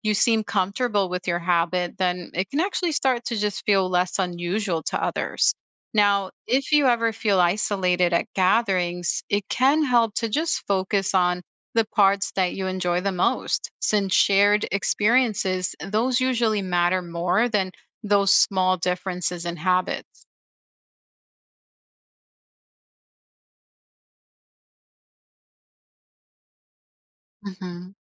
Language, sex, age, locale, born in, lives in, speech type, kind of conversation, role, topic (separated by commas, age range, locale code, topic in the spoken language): English, female, 35-39, en-US, United States, United States, read, expert-assistant, expert, Why do attitudes toward masks vary globally?